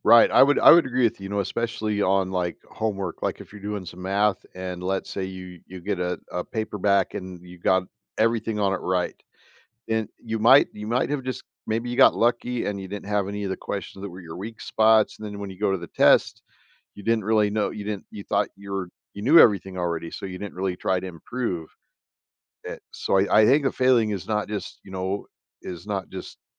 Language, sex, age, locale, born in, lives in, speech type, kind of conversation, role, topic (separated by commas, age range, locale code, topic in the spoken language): English, male, 55-59, en-US, United States, United States, conversation, podcast, host, Why is experiencing failure important for learning and personal growth in school?